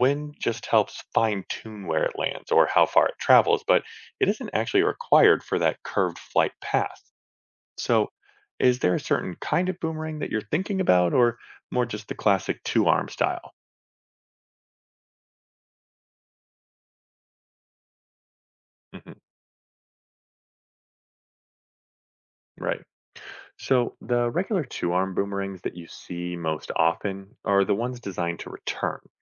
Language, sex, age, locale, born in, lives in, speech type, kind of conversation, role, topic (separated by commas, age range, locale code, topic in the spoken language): English, male, 35-39, en-US, United States, United States, read, expert-assistant, expert, What makes a boomerang come back when thrown?